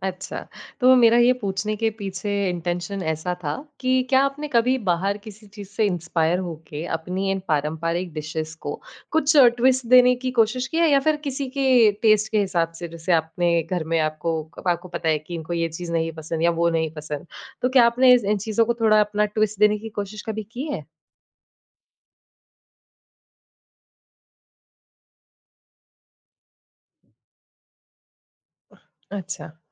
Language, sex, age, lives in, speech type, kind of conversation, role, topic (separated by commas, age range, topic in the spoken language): Hindi, female, 20-24, India, conversation, podcast, host, कौन-सी खाने की डिश तुम्हें अपनी जड़ों की याद दिलाती है?